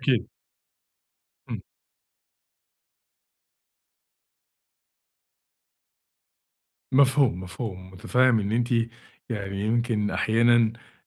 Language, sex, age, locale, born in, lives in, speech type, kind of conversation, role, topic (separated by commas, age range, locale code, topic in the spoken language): Arabic, male, 30-34, ar-EG, Egypt, Egypt, conversation, advice, advisor, إزاي أعمل ميزانية تريحني من غير ما أحس بالحرمان؟